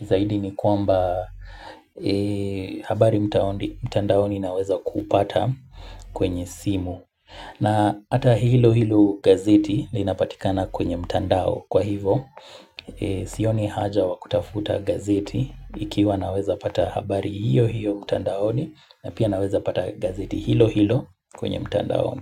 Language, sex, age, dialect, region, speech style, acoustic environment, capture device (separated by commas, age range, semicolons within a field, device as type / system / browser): Swahili, male, 25-34, Kenya - Mombasa, Kenya, relaxed, music, mobile / Linux / Chrome